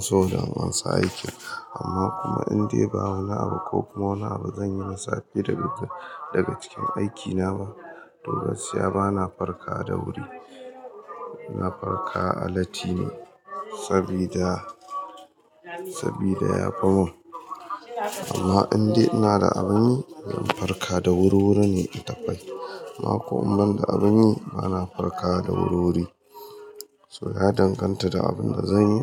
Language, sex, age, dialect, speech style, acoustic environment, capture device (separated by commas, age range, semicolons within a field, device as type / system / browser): Hausa, male, 25-34, Nigeria - Kano, excited; happy, appliances; people talking, mobile / Linux / Chrome